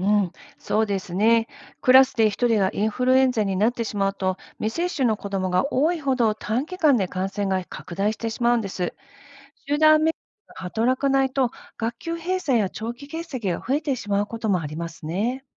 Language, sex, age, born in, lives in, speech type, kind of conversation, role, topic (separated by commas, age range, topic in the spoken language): Japanese, female, 50-54, Japan, United States, read, expert-assistant, expert, なぜワクチン接種に対する不安や抵抗感が生まれるのでしょうか？